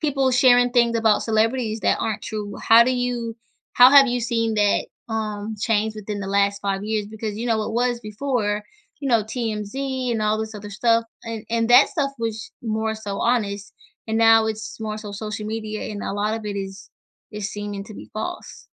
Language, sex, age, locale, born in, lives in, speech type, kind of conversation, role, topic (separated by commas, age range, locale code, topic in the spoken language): English, female, 25-29, en-US, United States, United States, conversation, podcast, host, How does being honest shape our relationships and sense of self?